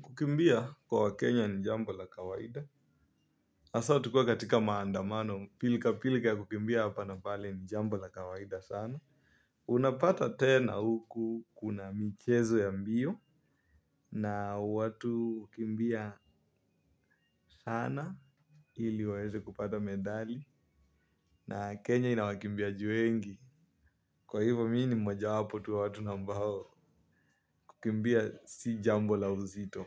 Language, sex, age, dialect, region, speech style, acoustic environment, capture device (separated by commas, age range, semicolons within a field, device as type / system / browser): Swahili, male, 18-24, Kenya - Nairobi Sheng-influenced, Kenya, happy, silence, mobile / Linux / Chrome